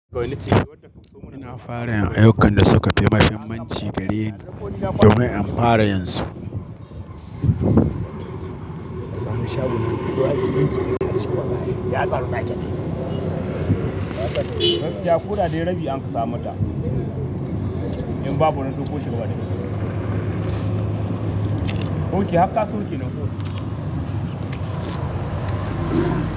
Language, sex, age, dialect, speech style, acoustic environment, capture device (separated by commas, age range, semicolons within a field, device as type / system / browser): Hausa, male, 25-34, Nigeria - Katsina, nervous, appliances, mobile / Linux / Chrome